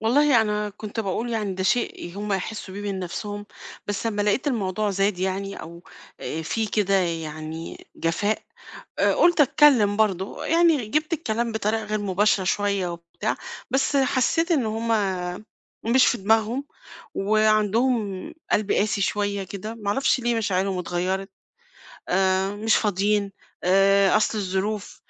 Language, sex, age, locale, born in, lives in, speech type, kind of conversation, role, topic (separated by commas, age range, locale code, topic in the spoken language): Arabic, female, 50-54, ar-EG, Egypt, Portugal, conversation, advice, user, إزاي نحلّ الخلاف بين أفراد العيلة على رعاية والد كبير في السن أو مريض؟